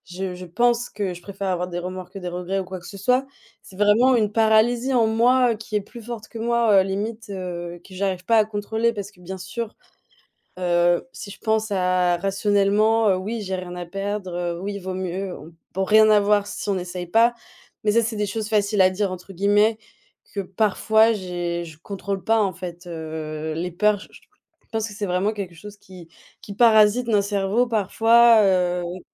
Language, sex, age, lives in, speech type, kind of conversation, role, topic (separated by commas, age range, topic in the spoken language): French, female, 25-29, Germany, conversation, podcast, guest, Comment gères-tu le sentiment d’échec quand une expérimentation échoue ?